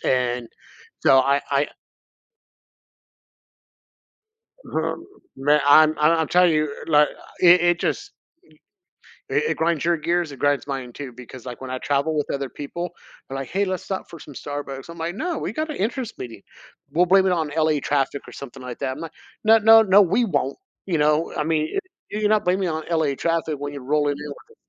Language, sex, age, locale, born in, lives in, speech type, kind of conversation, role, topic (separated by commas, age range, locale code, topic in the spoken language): English, male, 45-49, en-US, United States, United States, conversation, podcast, guest, What helps you stay resilient when life doesn’t go as planned?